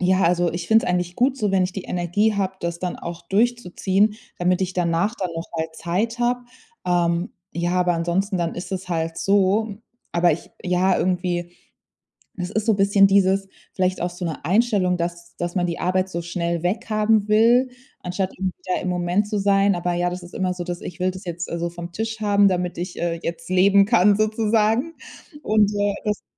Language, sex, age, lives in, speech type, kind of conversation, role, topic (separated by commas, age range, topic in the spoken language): German, female, 30-34, Germany, conversation, advice, user, Welche Schwierigkeiten hast du damit, klare Grenzen zwischen Job und Freizeit zu setzen?